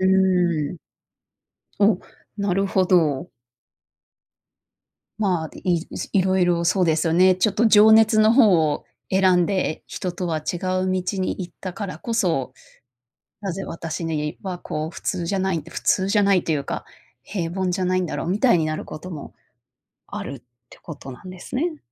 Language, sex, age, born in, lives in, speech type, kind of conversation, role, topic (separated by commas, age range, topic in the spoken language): Japanese, female, 30-34, Japan, United States, conversation, podcast, host, 情熱と安定のどちらを選びますか？